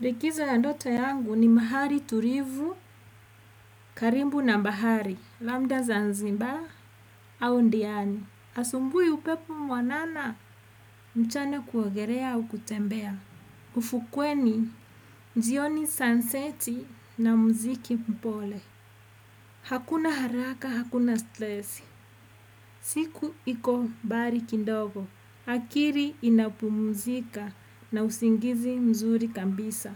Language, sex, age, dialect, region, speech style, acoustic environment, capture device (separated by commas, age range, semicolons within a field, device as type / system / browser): Swahili, female, 35-44, Kenya - Nairobi Sheng-influenced, Kenya, happy, people talking; music, desktop / Windows / Edge